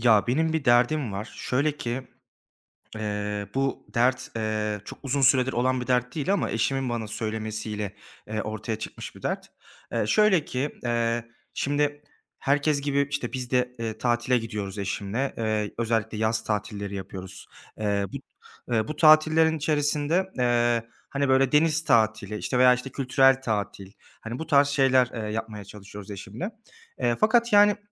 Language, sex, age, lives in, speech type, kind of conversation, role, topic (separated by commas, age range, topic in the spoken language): Turkish, male, 25-29, Germany, conversation, advice, user, Seyahat sırasında stresle başa çıkmayı ve zamanı daha iyi yönetmeyi nasıl sağlayabilirim?